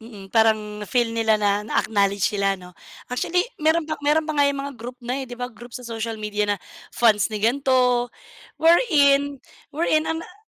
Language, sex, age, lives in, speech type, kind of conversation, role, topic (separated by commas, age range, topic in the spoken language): Filipino, female, 35-39, Philippines, conversation, unstructured, none, Paano nakaaapekto ang midyang panlipunan sa karera ng mga sikat na artista?